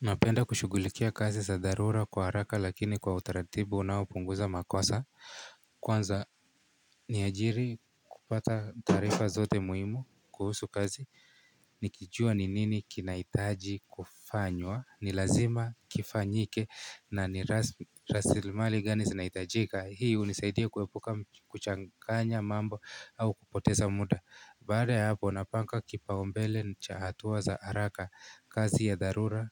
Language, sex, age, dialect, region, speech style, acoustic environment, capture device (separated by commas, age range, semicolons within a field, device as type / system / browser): Swahili, male, 18-24, Kenya - Nairobi Sheng-influenced, Kenya, happy, people talking, mobile / Linux / Chrome